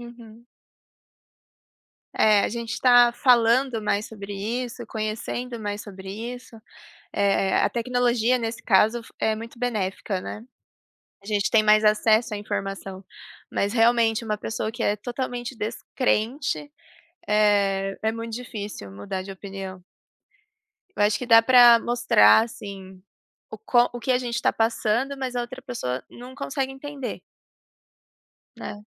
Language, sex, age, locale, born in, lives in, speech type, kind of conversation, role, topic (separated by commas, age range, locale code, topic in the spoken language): Portuguese, female, 25-29, pt-PT, Brazil, Germany, conversation, unstructured, none, É possível convencer alguém a mudar de opinião sobre saúde mental?